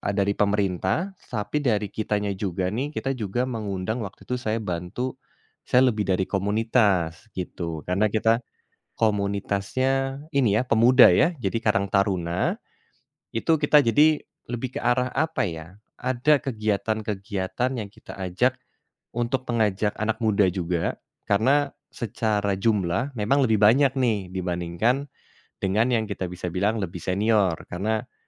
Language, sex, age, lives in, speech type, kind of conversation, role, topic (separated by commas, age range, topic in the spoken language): Indonesian, male, 30-34, Indonesia, conversation, podcast, guest, Bagaimana cara melibatkan anak muda dalam kegiatan pelestarian lingkungan?